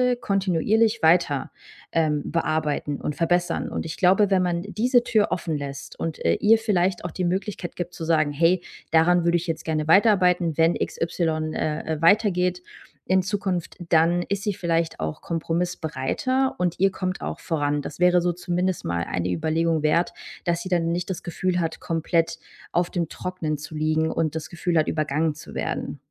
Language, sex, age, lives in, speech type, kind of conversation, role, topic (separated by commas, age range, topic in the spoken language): German, female, 30-34, Germany, conversation, advice, advisor, Wie kann ich den Konflikt mit meinem Mitgründer über Vision und Rollen klären?